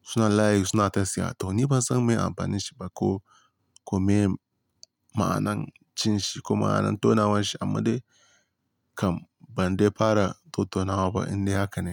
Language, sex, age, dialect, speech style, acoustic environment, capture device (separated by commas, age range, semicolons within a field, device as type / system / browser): Hausa, male, 18-24, Nigeria - Kano, happy; relaxed; focused; excited, silence; nature; appliances, mobile / Linux / Chrome